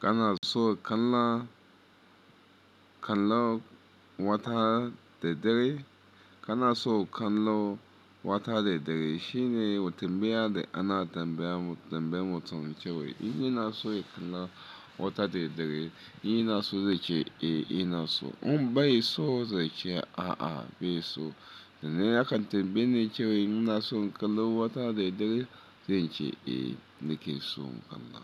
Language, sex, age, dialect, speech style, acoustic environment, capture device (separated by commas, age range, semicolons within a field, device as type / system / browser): Hausa, male, 35-44, Nigeria - Lagos Yoruba, focused, appliances, mobile / Linux / Chrome